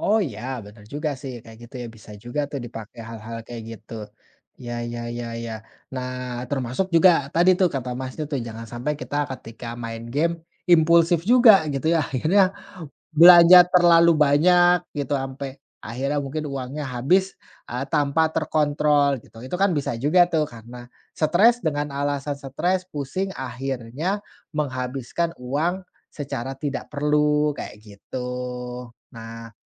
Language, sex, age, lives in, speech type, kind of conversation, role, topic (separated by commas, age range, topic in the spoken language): Indonesian, male, 30-34, Indonesia, conversation, unstructured, none, Menurut Anda, apakah hobi bisa menjadi alasan untuk menghindari masalah?